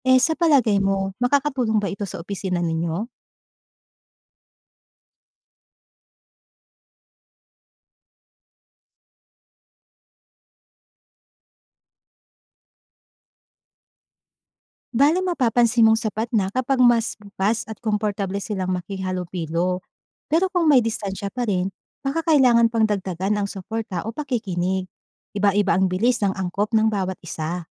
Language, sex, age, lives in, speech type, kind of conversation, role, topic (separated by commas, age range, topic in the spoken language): Filipino, female, 30-34, Philippines, read, expert-assistant, expert, Kung may pagkakataon kang matuto tungkol sa iba’t ibang relihiyon, ano ang dapat mong bigyang-pansin muna: ang paniniwala nila, ang kanilang mga gawain, o ang paraan ng pamumuhay nila?